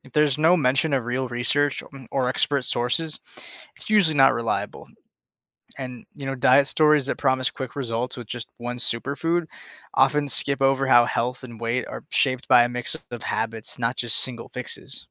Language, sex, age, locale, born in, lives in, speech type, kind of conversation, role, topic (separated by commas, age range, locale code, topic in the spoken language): English, male, 20-24, en-US, United States, United States, read, expert-assistant, expert, How does learning about science change the way we see the world?